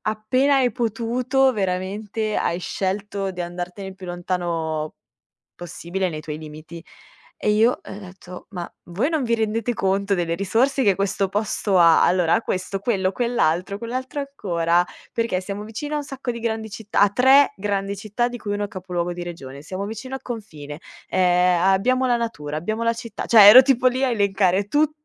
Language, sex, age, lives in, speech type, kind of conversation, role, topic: Italian, female, 25-29, Italy, conversation, podcast, guest, Qual è il primo posto che hai chiamato davvero casa?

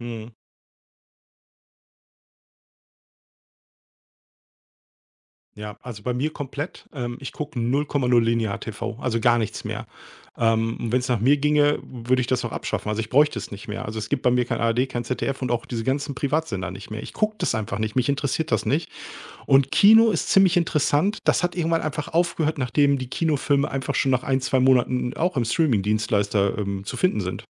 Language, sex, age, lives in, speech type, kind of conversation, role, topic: German, male, 45-49, Germany, conversation, podcast, guest, Wie hat Streaming deiner Meinung nach unser Mediennutzungsverhalten verändert?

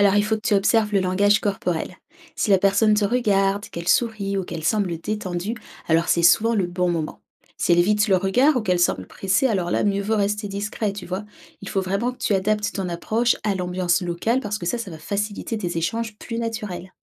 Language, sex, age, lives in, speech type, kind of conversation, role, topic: French, female, 30-34, France, read, expert-assistant, expert, Comment voyager peut-il m’aider à mieux comprendre les autres et à évoluer personnellement ?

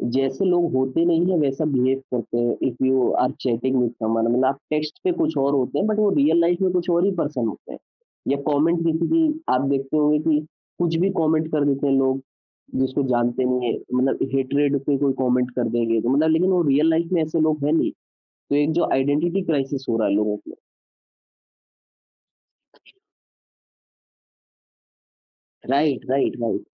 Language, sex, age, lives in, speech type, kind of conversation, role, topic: Hindi, male, 25-29, India, conversation, podcast, host, Phone aur social media par tumhare rules aur boundaries kya hain?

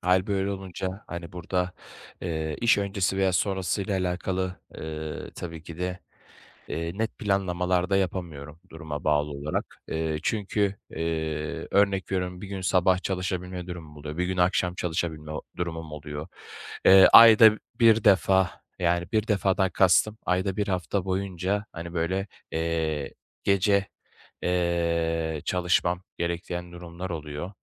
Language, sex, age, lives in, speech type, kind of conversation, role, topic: Turkish, male, 25-29, Bulgaria, conversation, advice, user, Hobilerimi iş ve okul hayatımla günlük yaşamda nasıl dengede tutabilirim?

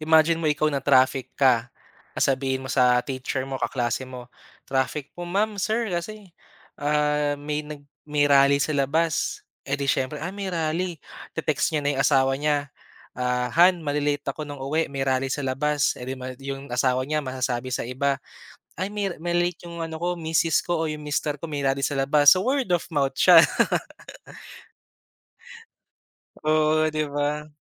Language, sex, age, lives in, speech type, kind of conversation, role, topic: Filipino, male, 25-29, Philippines, conversation, unstructured, none, Ano ang opinyon mo tungkol sa mga protesta laban sa hindi patas na batas?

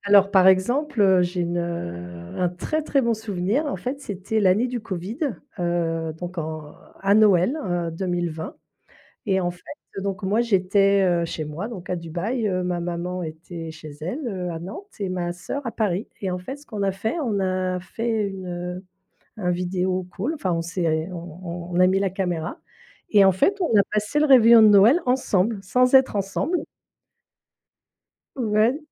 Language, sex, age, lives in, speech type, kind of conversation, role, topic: French, female, 50-54, France, conversation, podcast, guest, Comment maintenir le lien avec ses proches quand ils vivent loin ?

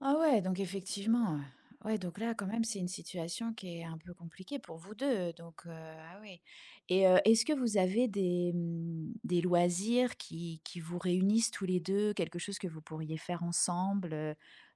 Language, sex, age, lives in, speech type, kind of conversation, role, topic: French, female, 45-49, France, conversation, advice, advisor, Comment vous êtes-vous adapté(e) à votre déménagement dans une nouvelle ville, et pourquoi est-il difficile d’y créer un réseau social ?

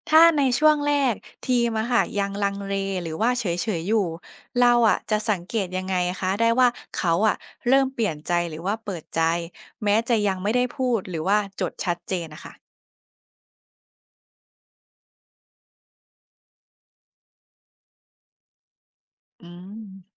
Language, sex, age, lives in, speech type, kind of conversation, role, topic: Thai, female, 25-29, Thailand, read, expert-assistant, asker, ถ้าต้องการสร้างนิสัยความเป็นผู้นำในชีวิตประจำวัน มีวิธีฝึกฝนหรือปรับเปลี่ยนกิจวัตรอย่างไรบ้างที่ได้ผลจริง?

